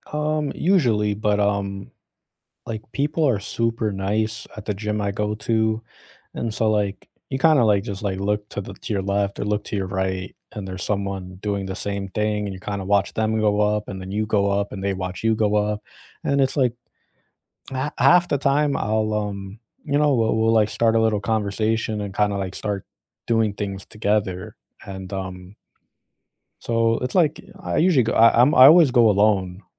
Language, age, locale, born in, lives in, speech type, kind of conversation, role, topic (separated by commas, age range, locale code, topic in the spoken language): English, 25-29, en-US, United States, United States, conversation, unstructured, none, Where do you go nearby to clear your head when you need to get outside?